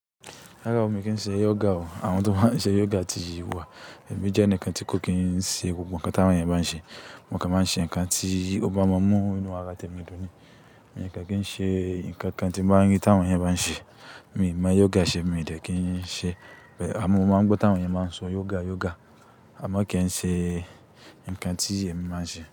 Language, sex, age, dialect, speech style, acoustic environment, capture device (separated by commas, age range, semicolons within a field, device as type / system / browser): Yoruba, male, 25-34, Nigeria - Kano, anxious; nervous, nature, desktop / Linux / Chrome